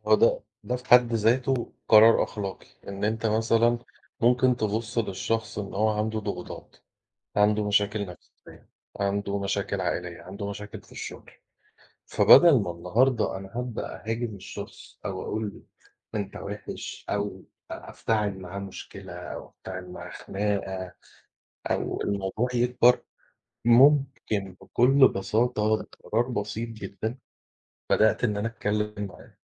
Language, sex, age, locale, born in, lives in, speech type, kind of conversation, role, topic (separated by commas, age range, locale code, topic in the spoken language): Arabic, male, 40-44, ar-EG, Egypt, Portugal, conversation, unstructured, none, إزاي بتتعامل مع موقف بيحتاج إنك تاخد قرار أخلاقي صعب؟